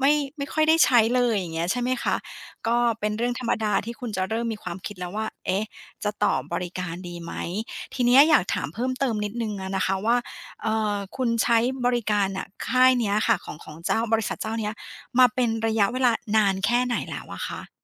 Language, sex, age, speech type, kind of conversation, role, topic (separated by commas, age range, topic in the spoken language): Thai, female, 40-44, conversation, advice, advisor, ไม่แน่ใจควรยกเลิกสมาชิกบริการรายเดือนหรือไม่